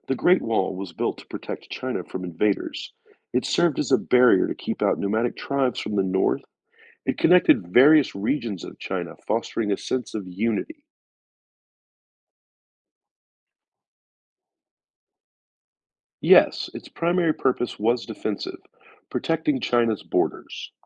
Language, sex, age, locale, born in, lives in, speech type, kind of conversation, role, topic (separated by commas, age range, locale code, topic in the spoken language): English, male, 45-49, en-US, United States, United States, read, expert-assistant, expert, What is the significance of the Great Wall of China?